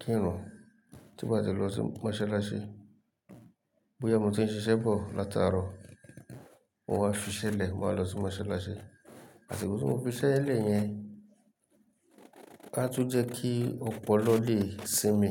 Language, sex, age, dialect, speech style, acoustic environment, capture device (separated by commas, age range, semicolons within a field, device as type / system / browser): Yoruba, male, 35-44, Nigeria - Lagos Yoruba, relaxed; happy, nature, mobile / Linux / Chrome